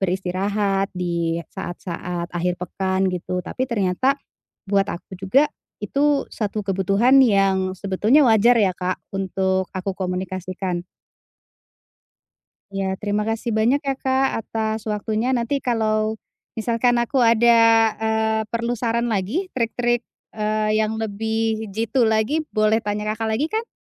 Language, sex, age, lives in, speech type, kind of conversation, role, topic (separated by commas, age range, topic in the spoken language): Indonesian, female, 40-44, Indonesia, conversation, advice, user, Bagaimana cara menyeimbangkan waktu antara pekerjaan dan hobi?